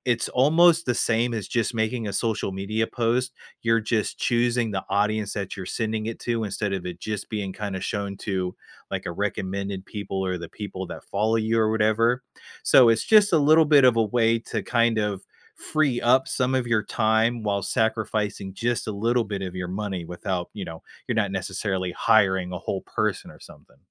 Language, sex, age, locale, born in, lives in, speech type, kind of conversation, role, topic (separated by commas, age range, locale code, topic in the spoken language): English, male, 30-34, en-US, United States, United States, conversation, advice, advisor, How can I manage work stress effectively?